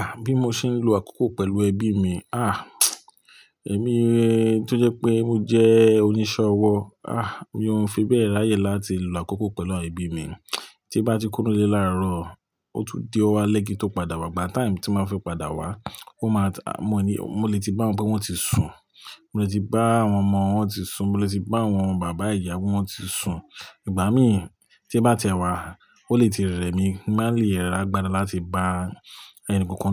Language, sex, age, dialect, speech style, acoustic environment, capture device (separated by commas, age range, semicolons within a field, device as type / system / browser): Yoruba, male, 35-44, Nigeria - Lagos Yoruba, relaxed, people talking, mobile / Linux / Chrome